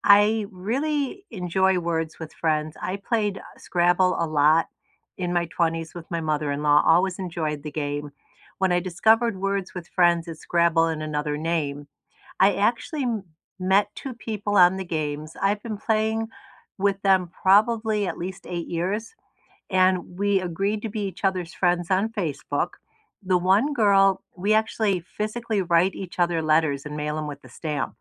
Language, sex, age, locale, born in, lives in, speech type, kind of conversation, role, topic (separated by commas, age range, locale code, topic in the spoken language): English, female, 65-69, en-US, United States, United States, conversation, unstructured, none, What apps are on your home screen, and why did you choose them?